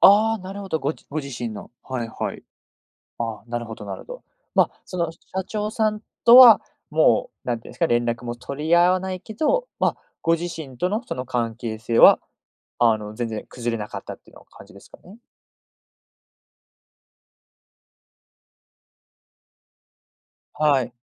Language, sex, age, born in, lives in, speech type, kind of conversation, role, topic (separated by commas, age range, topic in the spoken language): Japanese, male, 20-24, United States, Japan, conversation, podcast, host, 信頼を取り戻すには、どのような行動が必要ですか？